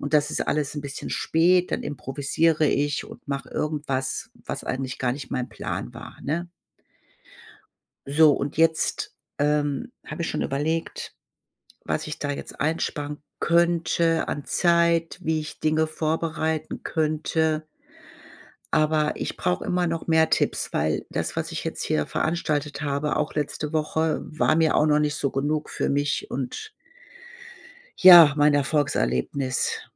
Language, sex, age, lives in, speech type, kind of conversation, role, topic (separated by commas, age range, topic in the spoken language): German, female, 55-59, Germany, conversation, advice, user, Wie kann ich bei der Essensvorbereitung Zeit sparen?